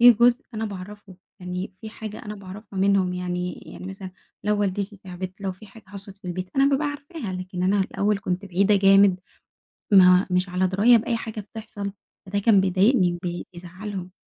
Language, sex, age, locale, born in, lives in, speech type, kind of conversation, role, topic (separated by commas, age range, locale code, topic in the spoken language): Arabic, female, 20-24, ar-EG, Egypt, Egypt, conversation, podcast, guest, إزاي أنظم وقتي بين الشغل والعيلة من غير ما أحس بالذنب؟